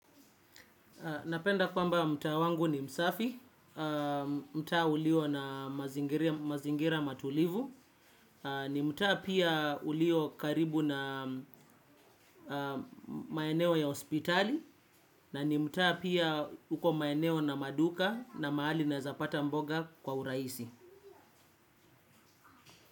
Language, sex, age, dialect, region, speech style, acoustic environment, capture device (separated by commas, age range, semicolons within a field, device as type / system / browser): Swahili, male, 25-34, Kenya - Nairobi Sheng-influenced, Kenya, focused, silence, desktop / Windows / Chrome